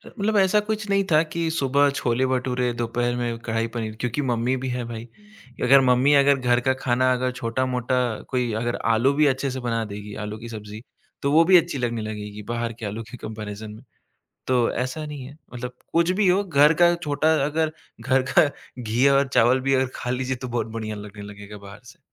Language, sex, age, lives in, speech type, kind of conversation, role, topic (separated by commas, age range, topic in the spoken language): Hindi, male, 25-29, India, conversation, podcast, guest, Tumhare ghar mein khaane ke zariye kaunse sanskaar milte the, aur kaise?